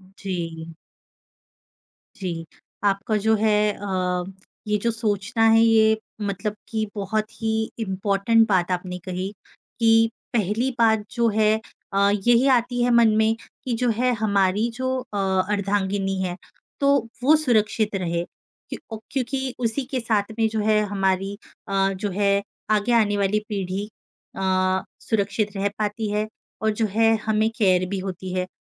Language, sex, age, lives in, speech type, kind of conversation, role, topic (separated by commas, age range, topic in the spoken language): Hindi, female, 25-29, India, conversation, advice, advisor, पहली बार माता-पिता बनने पर जिम्मेदारियों को लेकर अनिश्चितता से कैसे निपटूँ?